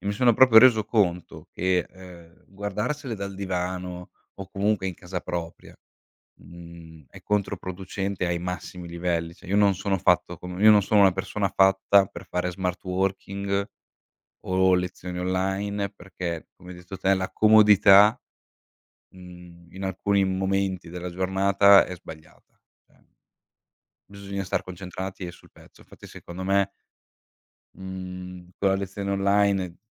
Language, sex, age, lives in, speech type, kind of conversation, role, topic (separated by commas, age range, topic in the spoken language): Italian, male, 25-29, Italy, conversation, unstructured, none, Secondo te, la tecnologia aiuta o distrae durante lo studio?